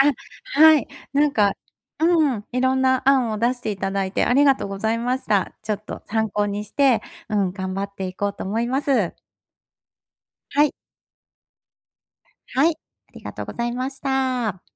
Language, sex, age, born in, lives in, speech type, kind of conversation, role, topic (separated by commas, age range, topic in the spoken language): Japanese, female, 50-54, Japan, Japan, conversation, advice, user, 予算を立てて着実に返済する方法